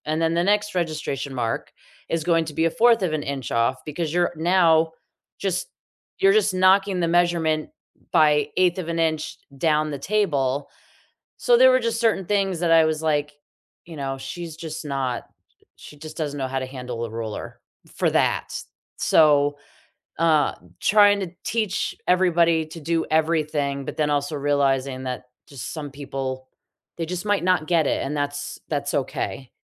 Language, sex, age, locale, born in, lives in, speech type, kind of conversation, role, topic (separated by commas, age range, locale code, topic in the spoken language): English, female, 40-44, en-US, United States, United States, conversation, unstructured, none, What do you think makes a good leader?